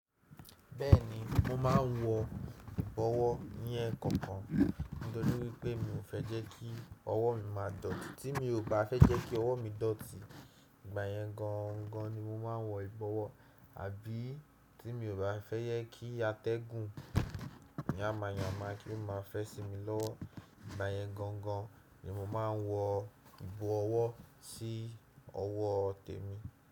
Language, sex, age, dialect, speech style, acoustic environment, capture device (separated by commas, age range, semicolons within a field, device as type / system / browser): Yoruba, male, 18-24, Nigeria - Nigerian Standard, happy; relaxed; excited, silence, desktop / Windows / Chrome